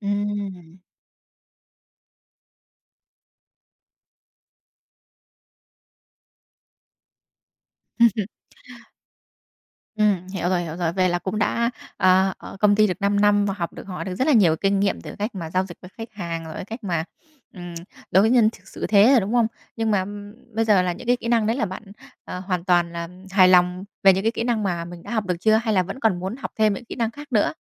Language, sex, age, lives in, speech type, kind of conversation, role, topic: Vietnamese, female, 20-24, Vietnam, conversation, advice, advisor, Làm thế nào để bớt lo sợ khi phải đưa ra những quyết định lớn như chuyển nhà hoặc nghỉ việc vì sợ mình mắc sai lầm?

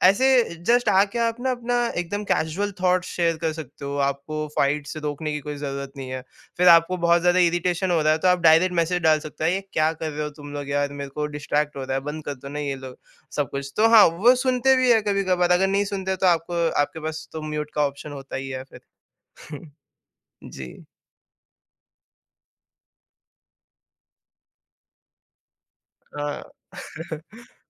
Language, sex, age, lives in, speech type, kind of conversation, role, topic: Hindi, male, 18-19, India, conversation, podcast, guest, Aap WhatsApp par hone wali digital behas ko kaise suljhate ho?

